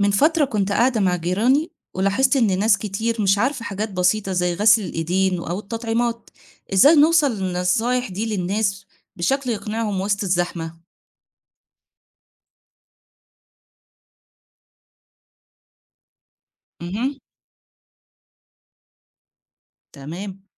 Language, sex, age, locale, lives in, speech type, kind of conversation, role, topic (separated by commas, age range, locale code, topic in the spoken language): Arabic, female, 40-44, ar-EG, Egypt, read, expert-assistant, asker, لو عايزين نوصل نصايح الصحة للناس في المناطق الشعبية، نمشي بإيه نظام أو خطة؟